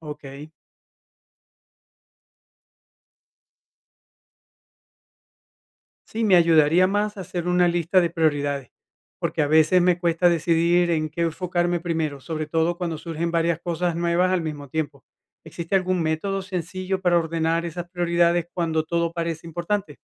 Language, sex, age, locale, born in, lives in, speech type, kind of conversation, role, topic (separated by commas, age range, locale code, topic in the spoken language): Spanish, male, 50-54, es-VE, Venezuela, United States, read, expert-assistant, asker, ¿Qué recursos o estrategias recomiendas para mantenerse actualizado y seguir creciendo profesionalmente como enfermero o enfermera?